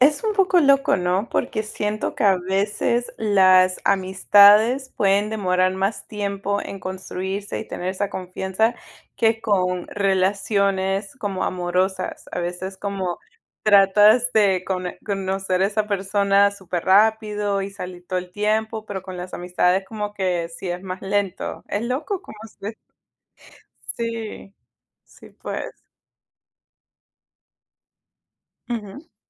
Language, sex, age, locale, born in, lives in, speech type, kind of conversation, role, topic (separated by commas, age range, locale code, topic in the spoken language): Spanish, female, 30-34, es-PE, United States, United States, conversation, unstructured, none, ¿Qué papel juega la confianza en una relación?